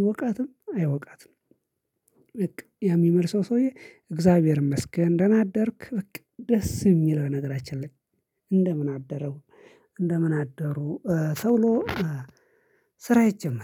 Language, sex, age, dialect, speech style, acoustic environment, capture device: Amharic, male, 25-34, Ethiopia - Addis Ababa, relaxed, silence, mobile / Linux / Chrome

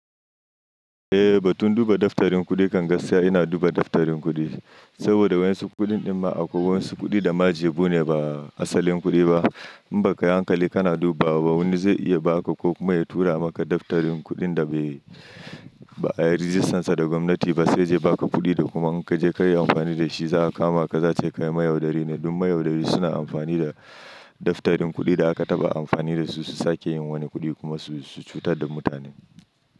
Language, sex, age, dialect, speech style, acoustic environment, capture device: Hausa, male, 25-34, Nigeria - Nigerian Standard, happy, silence; nature, mobile / Linux / Chrome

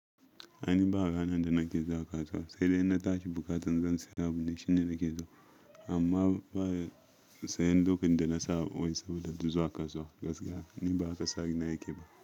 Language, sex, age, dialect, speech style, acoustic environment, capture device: Hausa, male, 25-34, Nigeria - Ibadan, relaxed, people talking, mobile / Linux / Chrome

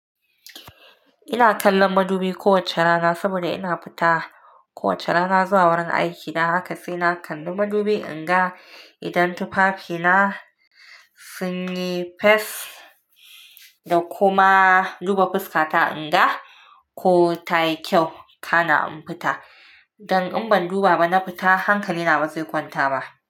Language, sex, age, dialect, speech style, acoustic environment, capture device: Hausa, female, 25-34, Nigeria - Nigerian Standard, relaxed, nature, mobile / Linux / Chrome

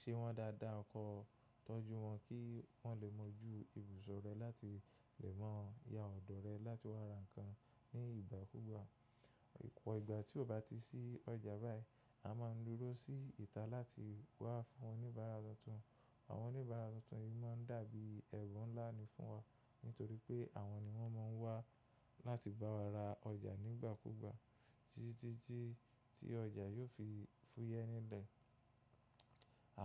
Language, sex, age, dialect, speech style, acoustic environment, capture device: Yoruba, male, 25-34, Nigeria - Lagos Yoruba, happy, appliances, mobile / macOS / Safari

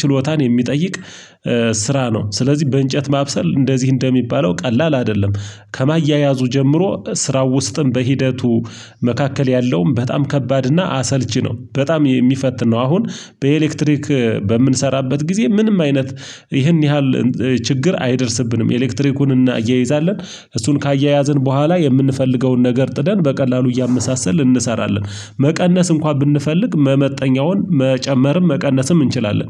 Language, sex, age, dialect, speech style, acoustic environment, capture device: Amharic, male, 25-34, Ethiopia - Gojjam, relaxed, silence, mobile / Linux / Chrome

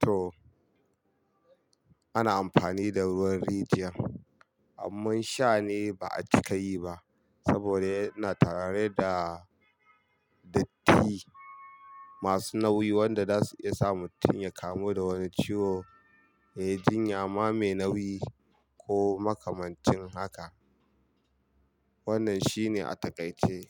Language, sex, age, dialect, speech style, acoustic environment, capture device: Hausa, male, 25-34, Nigeria - Kano, happy; relaxed; focused; excited, silence; nature; traffic; people talking, mobile / Linux / Chrome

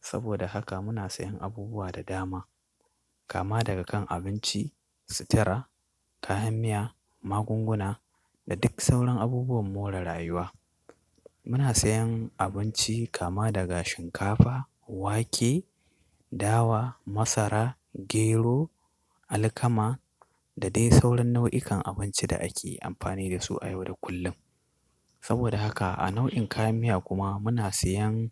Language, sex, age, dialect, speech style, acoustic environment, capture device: Hausa, male, 25-34, Nigeria - Katsina, focused, construction, desktop / Linux / Chrome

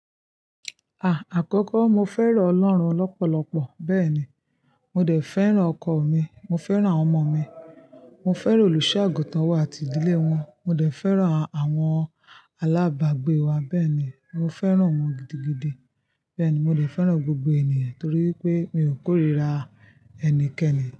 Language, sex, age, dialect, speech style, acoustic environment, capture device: Yoruba, female, 25-34, Nigeria - Ibadan, happy, silence, mobile / Linux / Chrome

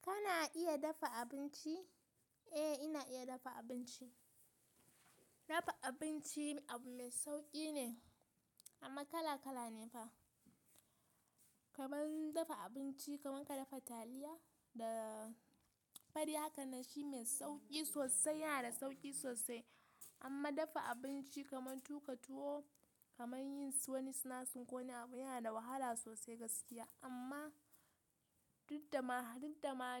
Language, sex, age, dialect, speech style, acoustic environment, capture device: Hausa, female, 18-24, Nigeria - Kano, happy, nature, mobile / Linux / Chrome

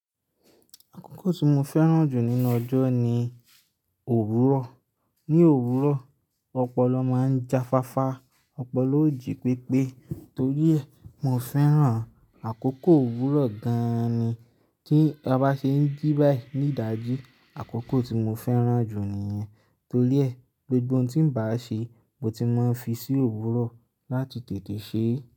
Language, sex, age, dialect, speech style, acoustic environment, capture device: Yoruba, male, 25-34, Nigeria - Lagos Yoruba, happy, silence, mobile / Linux / Chrome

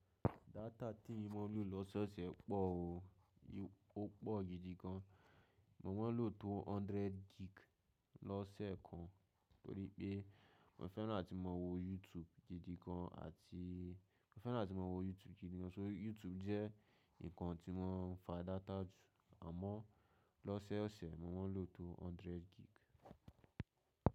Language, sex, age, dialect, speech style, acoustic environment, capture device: Yoruba, male, 18-24, Nigeria - Lagos, anxious; focused, silence, mobile / macOS / Safari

